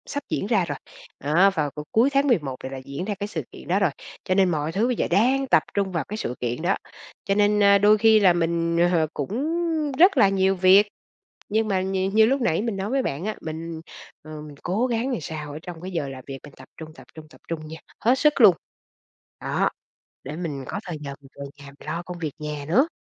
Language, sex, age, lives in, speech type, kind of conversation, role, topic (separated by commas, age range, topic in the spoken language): Vietnamese, female, 45-49, Vietnam, conversation, podcast, guest, Làm thế nào để cân bằng giữa công việc và việc chăm sóc con?